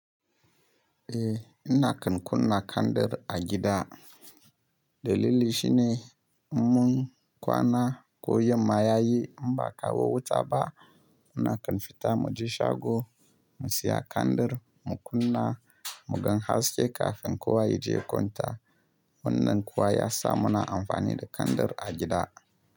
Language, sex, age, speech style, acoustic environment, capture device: Hausa, male, 25-34, happy; relaxed, silence; nature, mobile / Linux / Chrome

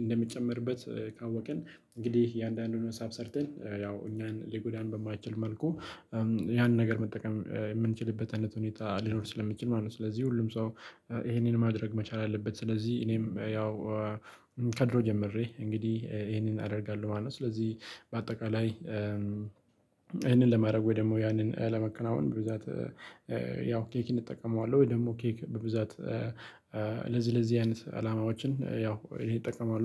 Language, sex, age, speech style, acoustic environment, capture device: Amharic, male, 25-34, happy; relaxed; focused; excited, silence, mobile / Linux / Chrome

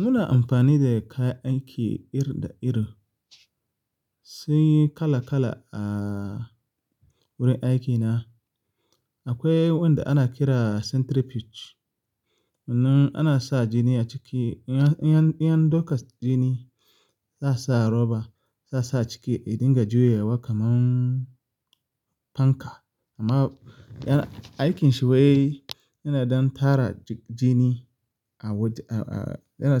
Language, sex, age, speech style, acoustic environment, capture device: Hausa, male, 25-34, relaxed; focused, people talking; silence; appliances, mobile / Linux / Chrome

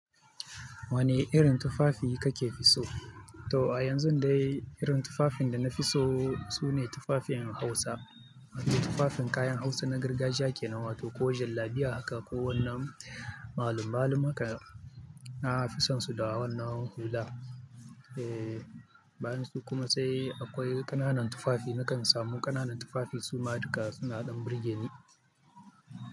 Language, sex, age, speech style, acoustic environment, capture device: Hausa, male, 25-34, focused, people talking; music; nature; traffic, mobile / Linux / Chrome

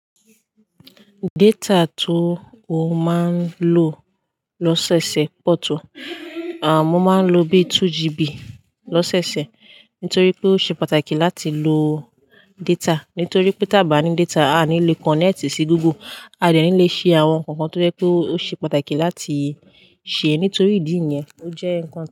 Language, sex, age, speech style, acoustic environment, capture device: Yoruba, female, 18-24, happy, people talking, desktop / Linux / Chrome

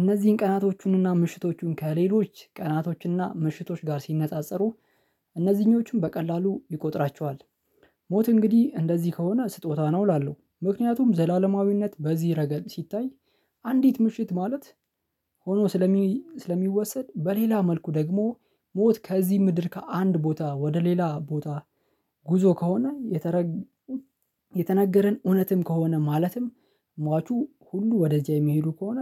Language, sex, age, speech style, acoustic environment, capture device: Amharic, male, 25-34, happy; relaxed, silence, mobile / Linux / Chrome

